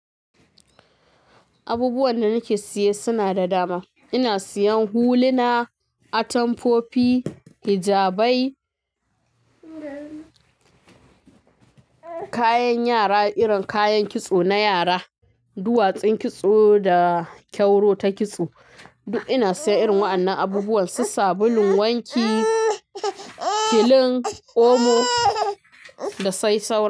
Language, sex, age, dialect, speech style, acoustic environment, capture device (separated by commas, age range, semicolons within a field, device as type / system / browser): Hausa, female, 25-34, Nigeria - Kano, relaxed, music, mobile / Linux / Chrome